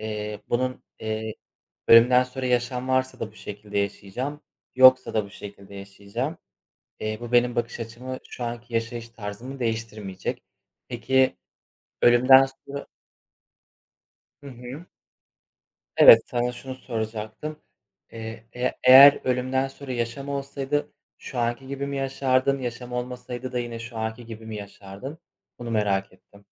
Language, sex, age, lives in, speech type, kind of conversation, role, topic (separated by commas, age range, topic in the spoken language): Turkish, male, 25-29, Poland, conversation, unstructured, none, Senin için ölümden sonra yaşam var mı?